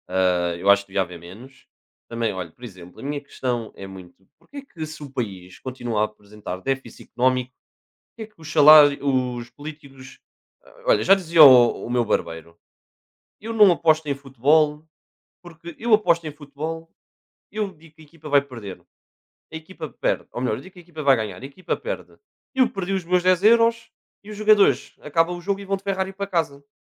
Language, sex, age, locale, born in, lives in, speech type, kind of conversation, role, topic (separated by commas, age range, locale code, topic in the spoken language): Portuguese, male, 20-24, pt-PT, Portugal, Portugal, conversation, unstructured, none, Que melhorias você gostaria de ver na participação cidadã na política?